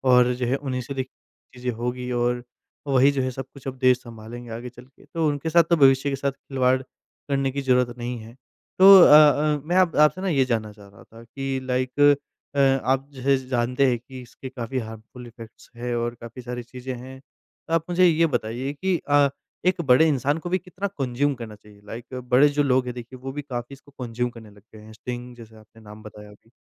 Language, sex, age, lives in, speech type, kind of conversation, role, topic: Hindi, male, 20-24, India, conversation, podcast, host, Caffeine ko manage karna tumhare liye kaisa hota hai?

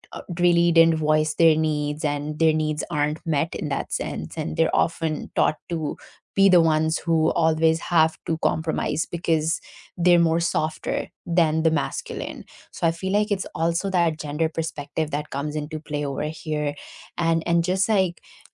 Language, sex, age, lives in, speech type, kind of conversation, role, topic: English, female, 25-29, United States, conversation, unstructured, none, What is the hardest part about negotiating your needs with others?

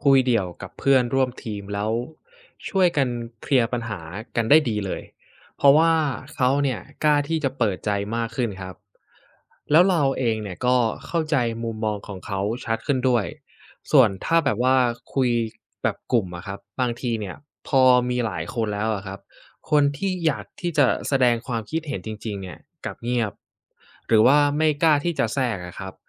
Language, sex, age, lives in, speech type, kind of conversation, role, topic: Thai, male, 20-24, Thailand, read, expert-assistant, asker, จะพูดกับทีมอย่างไรให้มั่นใจและบรรยากาศดีขึ้น?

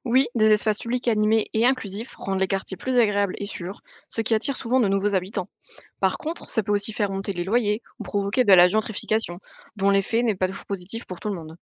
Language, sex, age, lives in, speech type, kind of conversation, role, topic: French, female, 20-24, France, read, expert-assistant, expert, Les villes françaises deviennent-elles vraiment plus vertes, ou est-ce exagéré ?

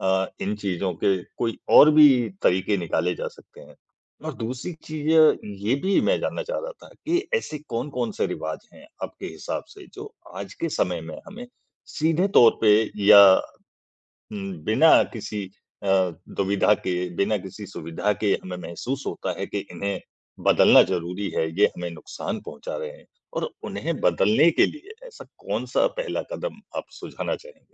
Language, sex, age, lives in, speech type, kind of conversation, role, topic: Hindi, male, 40-44, India, conversation, podcast, host, रिवाज़ों को बदलते समय क्या बचाना चाहिए और क्या छोड़ना चाहिए?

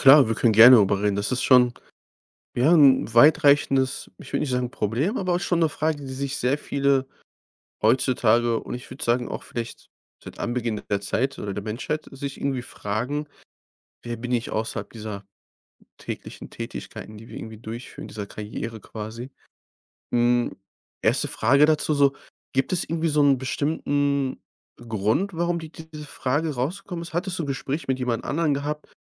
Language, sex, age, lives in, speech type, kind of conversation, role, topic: German, male, 25-29, Germany, conversation, advice, advisor, Wie finde ich Sinn jenseits meiner Karriere und wer bin ich ohne meinen Beruf?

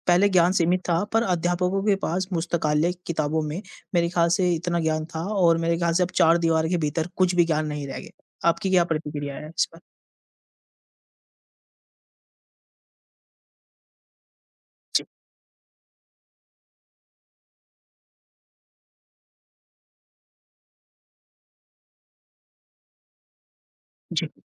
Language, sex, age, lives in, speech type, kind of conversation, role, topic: Hindi, male, 50-54, India, conversation, unstructured, none, इंटरनेट ने पढ़ाई के तरीके में क्या बदलाव लाया है?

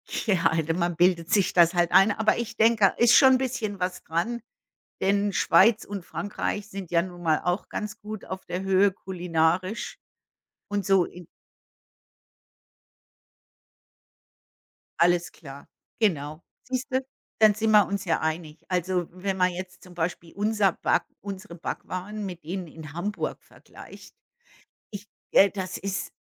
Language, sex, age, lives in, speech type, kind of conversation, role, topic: German, female, 55-59, United States, conversation, unstructured, none, Welche Traditionen möchtest du an die nächste Generation weitergeben?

